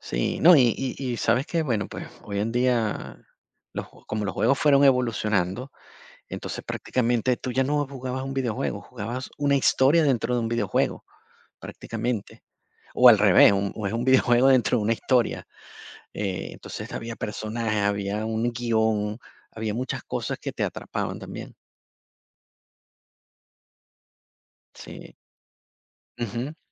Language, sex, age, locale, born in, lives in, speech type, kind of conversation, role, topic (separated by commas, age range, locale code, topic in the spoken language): Spanish, male, 50-54, es-VE, Venezuela, Portugal, conversation, podcast, guest, ¿Cuál fue tu primera experiencia con los videojuegos y cómo la recuerdas?